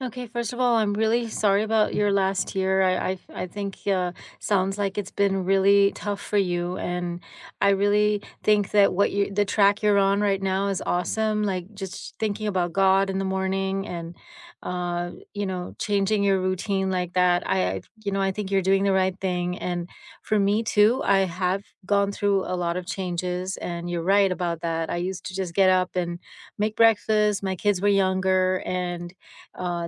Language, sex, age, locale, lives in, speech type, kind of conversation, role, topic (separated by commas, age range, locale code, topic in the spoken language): English, female, 50-54, en-US, United States, conversation, unstructured, none, What is the best part of your morning routine, and what makes it meaningful to you?